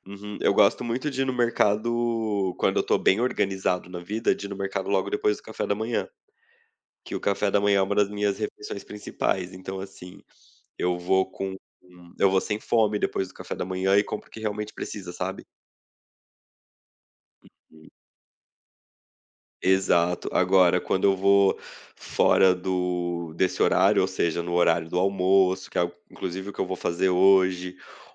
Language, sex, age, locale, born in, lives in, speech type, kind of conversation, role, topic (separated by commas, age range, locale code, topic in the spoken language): Portuguese, male, 30-34, pt-BR, Brazil, Portugal, conversation, podcast, guest, Como você organiza receitas e planeja as refeições?